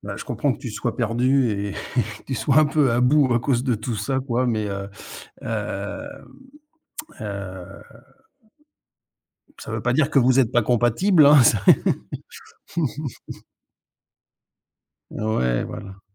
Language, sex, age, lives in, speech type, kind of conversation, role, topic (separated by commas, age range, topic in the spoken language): French, male, 50-54, Spain, conversation, advice, advisor, Pouvez-vous décrire une dispute récurrente qui ne trouve jamais de résolution ?